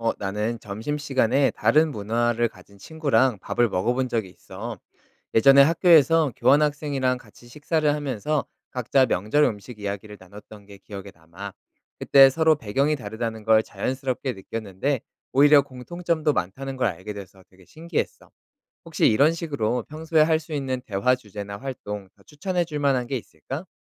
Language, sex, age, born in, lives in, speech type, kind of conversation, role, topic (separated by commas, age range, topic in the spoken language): Korean, male, 30-34, South Korea, South Korea, read, expert-assistant, asker, 다양한 문화와 종교의 공통 가치를 회사와 일상에서 어떻게 찾고 실천할 수 있을까요?